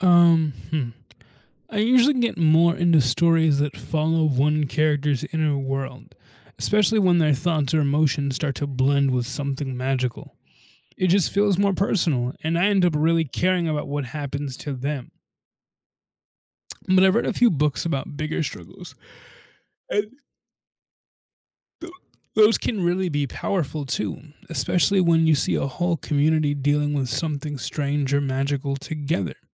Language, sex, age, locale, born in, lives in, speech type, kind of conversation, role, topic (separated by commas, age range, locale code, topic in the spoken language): English, male, 20-24, en-US, United States, United States, read, expert-assistant, asker, How does magical realism differ in Latin American and African literature?